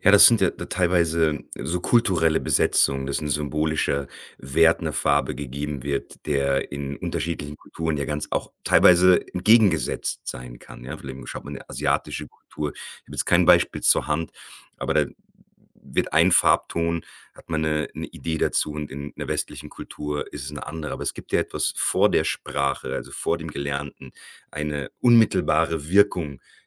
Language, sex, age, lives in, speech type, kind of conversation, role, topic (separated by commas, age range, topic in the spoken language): German, male, 40-44, Germany, conversation, podcast, host, Welche Farben repräsentieren dich am besten?